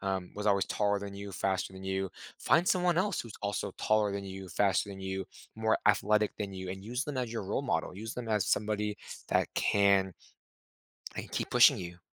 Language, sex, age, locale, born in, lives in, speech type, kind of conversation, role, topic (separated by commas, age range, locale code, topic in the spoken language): English, male, 30-34, en-US, United States, United States, conversation, advice, advisor, How can I break out of my comfort zone?